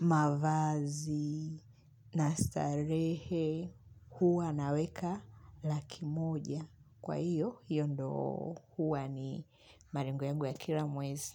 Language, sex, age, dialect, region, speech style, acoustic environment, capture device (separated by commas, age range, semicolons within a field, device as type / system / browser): Swahili, female, 25-34, Tanzania - Dar es Salaam, Tanzania, happy, nature; music, mobile / Linux / Chrome